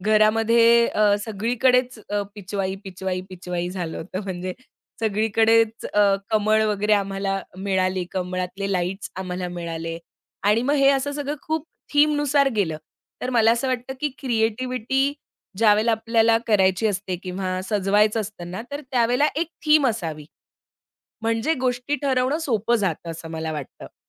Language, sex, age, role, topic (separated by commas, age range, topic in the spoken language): Marathi, female, 30-34, guest, सणासाठी सर्जनशील कल्पना तुम्ही कशा शोधता?